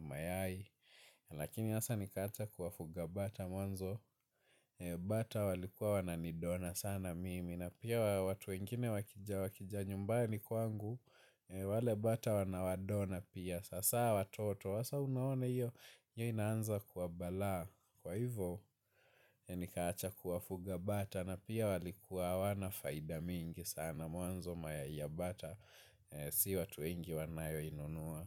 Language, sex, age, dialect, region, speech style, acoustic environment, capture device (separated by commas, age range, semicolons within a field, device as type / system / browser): Swahili, male, 18-24, Kenya - Mombasa, Kenya, focused, silence, mobile / Linux / Chrome